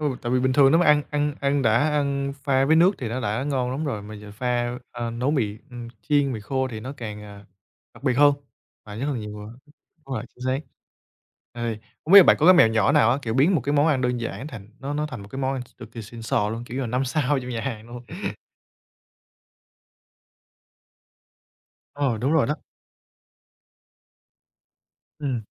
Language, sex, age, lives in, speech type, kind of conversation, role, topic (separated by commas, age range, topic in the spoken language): Vietnamese, male, 25-29, Vietnam, conversation, podcast, host, Bí quyết của bạn để nấu nhanh khi có khách đến bất ngờ là gì?